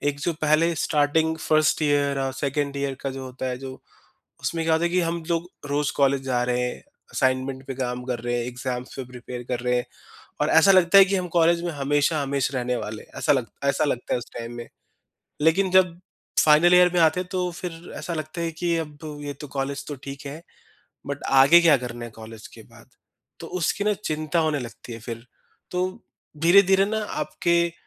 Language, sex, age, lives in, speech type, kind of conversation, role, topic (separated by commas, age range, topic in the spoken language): Hindi, male, 30-34, India, conversation, podcast, guest, Graduation ya degree complete karne ka pal aapke liye kaisa tha?